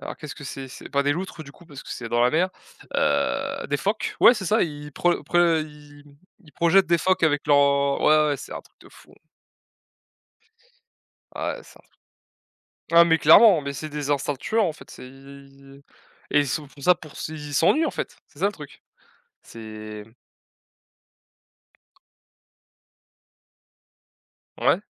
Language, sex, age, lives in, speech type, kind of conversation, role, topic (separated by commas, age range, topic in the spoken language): French, male, 20-24, France, conversation, unstructured, none, Pourquoi est-il important de protéger les animaux sauvages ?